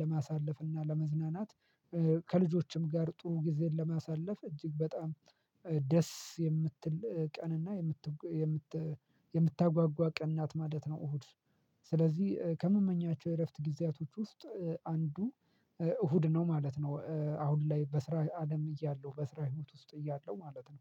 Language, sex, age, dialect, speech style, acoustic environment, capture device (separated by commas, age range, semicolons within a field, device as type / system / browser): Amharic, male, 25-34, Ethiopia - Addis Ababa, happy; relaxed; excited; focused, silence, mobile / Linux / Chrome